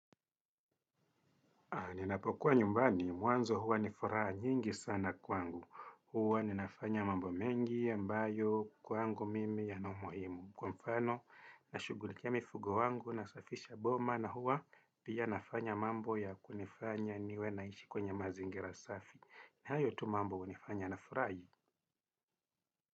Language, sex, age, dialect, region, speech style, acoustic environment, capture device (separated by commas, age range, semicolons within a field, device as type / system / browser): Swahili, male, 35-44, Kenya - Mombasa, Kenya, happy; relaxed; focused, silence; nature, desktop / Windows / Chrome